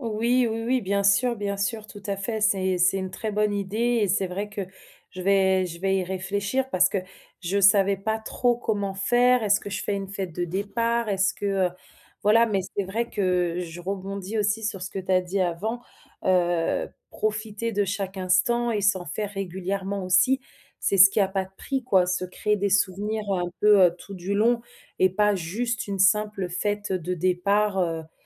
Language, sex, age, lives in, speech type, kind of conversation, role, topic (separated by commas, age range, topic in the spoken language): French, female, 30-34, France, conversation, advice, user, Comment dire au revoir à ma famille et à mes amis avant de déménager à l’étranger ?